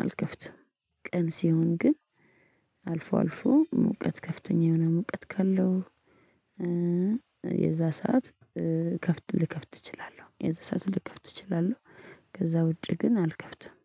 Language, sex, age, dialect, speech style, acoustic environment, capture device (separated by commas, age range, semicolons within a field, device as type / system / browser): Amharic, female, 25-34, Ethiopia - Addis Ababa, relaxed, music; people talking, mobile / Linux / Chrome